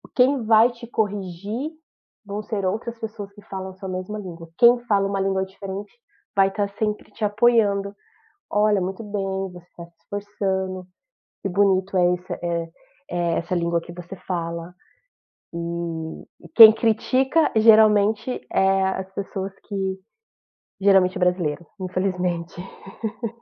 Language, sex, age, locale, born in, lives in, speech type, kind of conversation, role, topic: Portuguese, female, 35-39, pt-BR, Brazil, United States, conversation, podcast, guest, Que papel tem a língua da sua família na sua identidade?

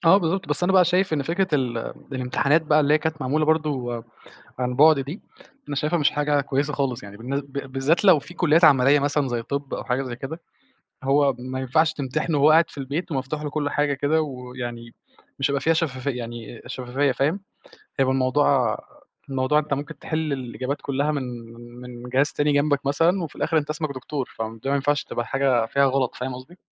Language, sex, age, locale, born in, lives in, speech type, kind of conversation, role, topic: Arabic, male, 20-24, ar-EG, Egypt, Egypt, conversation, unstructured, none, إزاي التكنولوجيا ممكن تحسّن التعليم؟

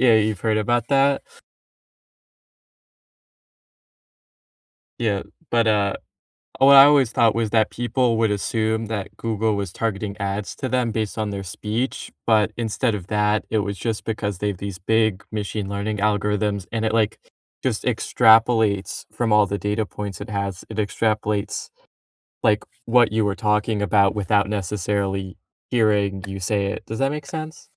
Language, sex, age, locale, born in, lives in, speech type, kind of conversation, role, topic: English, male, 20-24, en-US, United States, United States, conversation, unstructured, none, How do you feel about companies tracking your online activity?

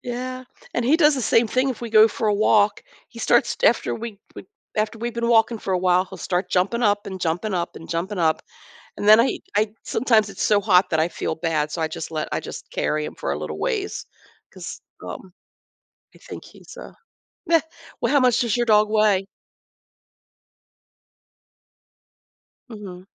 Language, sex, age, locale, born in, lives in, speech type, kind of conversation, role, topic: English, female, 60-64, en-US, United States, United States, conversation, advice, advisor, How can I better balance my work and personal life?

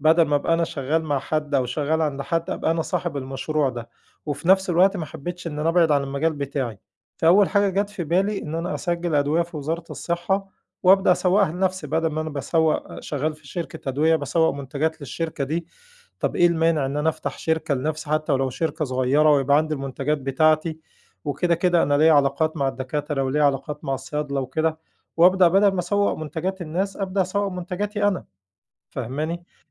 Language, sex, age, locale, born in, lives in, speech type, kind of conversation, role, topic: Arabic, male, 40-44, ar-EG, Egypt, Egypt, conversation, podcast, host, إيه أول قرار غيّر مجرى حياتك؟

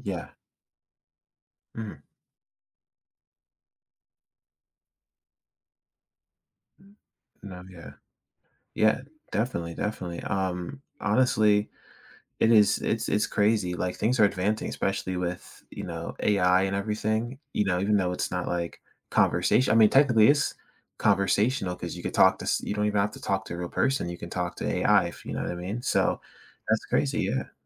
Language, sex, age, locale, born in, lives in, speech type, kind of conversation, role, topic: English, male, 18-19, en-US, United States, United States, conversation, podcast, host, In what ways has technology transformed our everyday interactions with others?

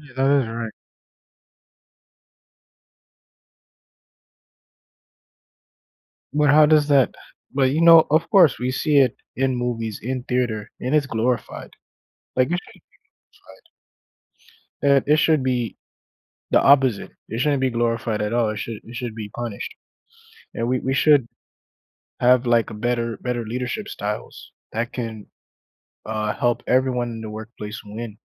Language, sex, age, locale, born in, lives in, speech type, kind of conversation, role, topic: English, male, 35-39, en-US, United States, United States, conversation, unstructured, none, What is your perspective on workplace discrimination?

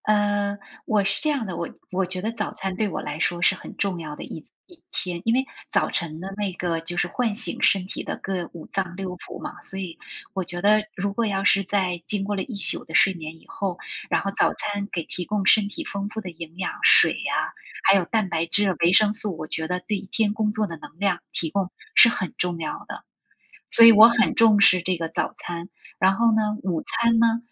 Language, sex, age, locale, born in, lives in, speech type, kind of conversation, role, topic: Chinese, female, 45-49, zh-TW, China, United States, conversation, unstructured, none, 你最喜欢的早餐是什么？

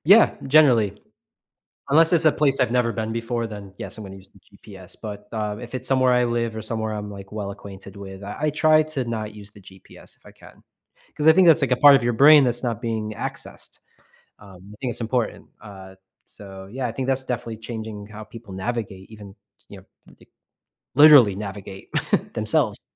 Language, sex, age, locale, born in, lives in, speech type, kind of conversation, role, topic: English, male, 35-39, en-US, United States, United States, conversation, podcast, host, In what ways have smartphones transformed our daily lives and interactions?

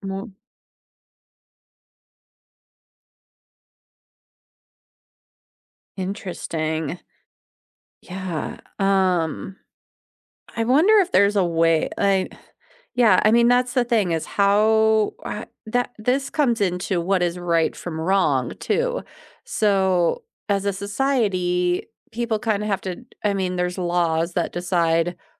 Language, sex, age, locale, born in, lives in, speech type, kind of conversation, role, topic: English, female, 40-44, en-US, United States, United States, conversation, unstructured, none, Should I be punished for beliefs that hurt others?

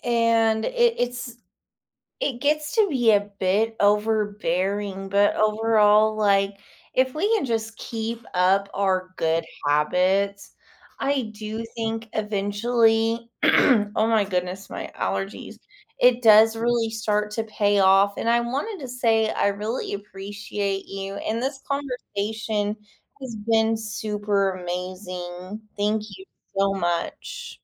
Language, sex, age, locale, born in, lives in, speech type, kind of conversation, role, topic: English, female, 30-34, en-US, United States, United States, conversation, podcast, host, What helps people stick with positive habits even when motivation fades?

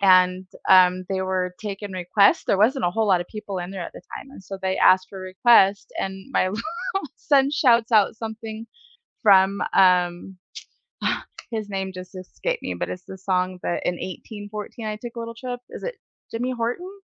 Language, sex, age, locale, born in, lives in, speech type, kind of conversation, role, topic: English, female, 45-49, en-US, United States, United States, conversation, unstructured, none, What was your most unforgettable live concert experience, who were you with, and what made it special to you?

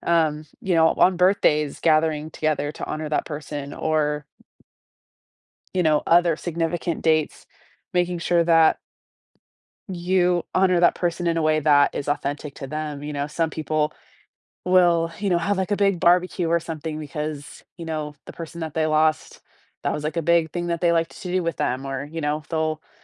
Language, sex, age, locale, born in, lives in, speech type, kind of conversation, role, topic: English, female, 30-34, en-US, United States, United States, conversation, podcast, guest, What helps families stay connected and support each other through grief?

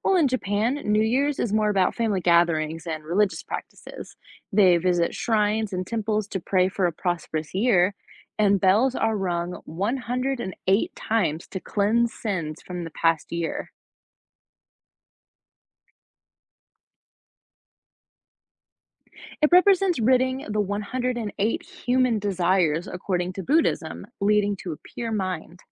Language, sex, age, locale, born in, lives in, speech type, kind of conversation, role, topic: English, female, 25-29, en-US, United States, United States, read, expert-assistant, expert, How do different cultures celebrate the new year?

